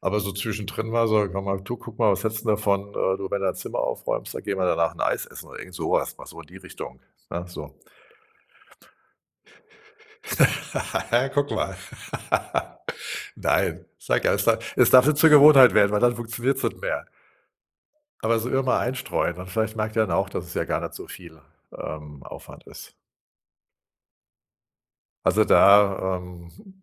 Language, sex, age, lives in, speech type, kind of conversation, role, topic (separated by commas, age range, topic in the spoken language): German, male, 60-64, Germany, conversation, advice, advisor, Inwiefern stresst dich das Chaos in deiner Wohnung und raubt dir Energie im Alltag?